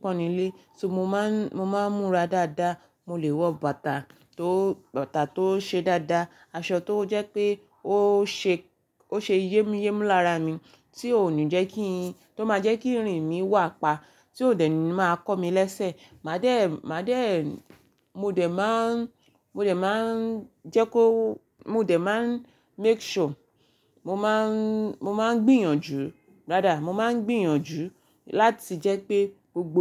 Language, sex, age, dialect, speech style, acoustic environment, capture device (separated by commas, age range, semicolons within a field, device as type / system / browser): Yoruba, female, 25-34, Nigeria - Ibadan, focused, silence, mobile / Linux / Chrome